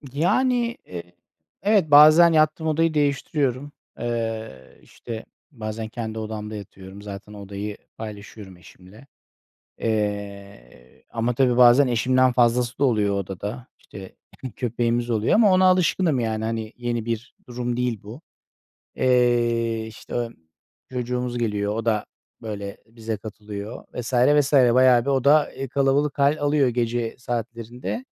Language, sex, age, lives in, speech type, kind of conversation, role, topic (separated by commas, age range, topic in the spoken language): Turkish, male, 40-44, Netherlands, conversation, advice, user, Gece sık sık uyanıp tekrar uyuyamama sorununu nasıl yaşıyorsun?